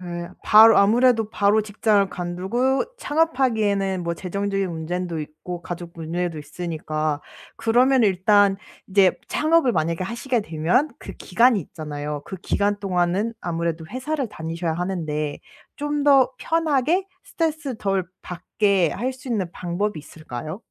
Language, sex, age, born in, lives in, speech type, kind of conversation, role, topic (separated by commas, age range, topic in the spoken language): Korean, female, 25-29, South Korea, Germany, conversation, advice, advisor, 일과 가치관이 맞지 않아 진로 전환을 고민하는 상황